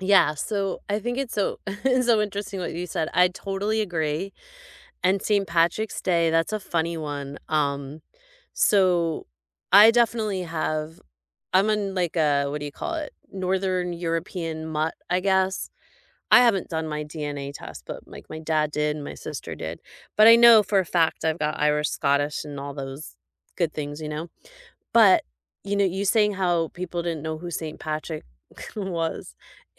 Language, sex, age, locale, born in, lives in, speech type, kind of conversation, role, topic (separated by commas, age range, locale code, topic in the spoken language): English, female, 50-54, en-US, United States, United States, conversation, unstructured, none, How do holidays shape the way we connect with culture?